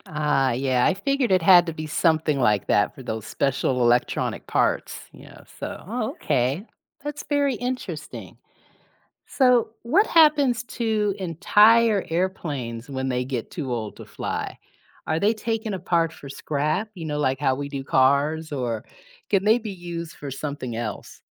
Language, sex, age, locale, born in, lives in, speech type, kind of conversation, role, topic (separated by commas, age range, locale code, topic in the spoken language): English, female, 55-59, en-US, United States, United States, read, expert-assistant, asker, How does a plane stay in the air?